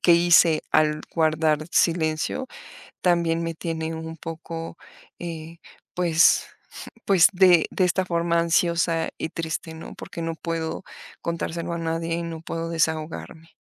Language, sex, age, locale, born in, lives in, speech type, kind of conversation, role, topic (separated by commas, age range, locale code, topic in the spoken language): Spanish, female, 45-49, es-MX, Mexico, Mexico, conversation, advice, user, ¿Por qué siento la obligación de ocultar mis problemas de salud mental?